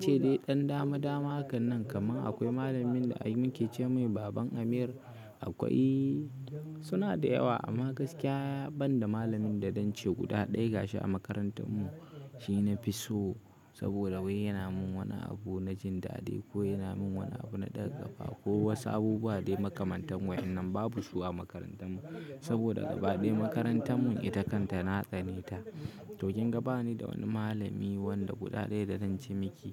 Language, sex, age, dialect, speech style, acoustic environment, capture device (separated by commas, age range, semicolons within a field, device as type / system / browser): Hausa, male, 18-24, Nigeria - Kano, stressed, silence, mobile / Linux / Chrome